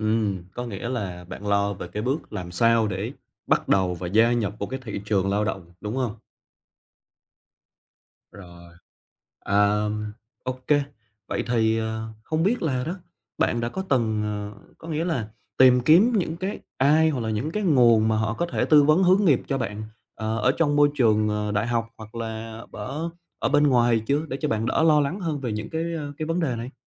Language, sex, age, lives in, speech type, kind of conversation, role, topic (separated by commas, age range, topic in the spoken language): Vietnamese, male, 25-29, Vietnam, conversation, advice, advisor, Làm sao để quản lý sự lo lắng khi tương lai không chắc chắn?